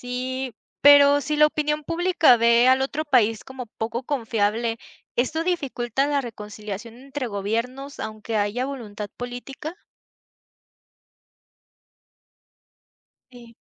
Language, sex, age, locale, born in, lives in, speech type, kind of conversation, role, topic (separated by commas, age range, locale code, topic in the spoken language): Spanish, female, 20-24, es-MX, Mexico, Mexico, read, expert-assistant, asker, ¿Por qué a veces un país apoya públicamente a otro y en otras ocasiones toma distancia, aunque sean aliados? ¿Qué factores influyen en esos cambios?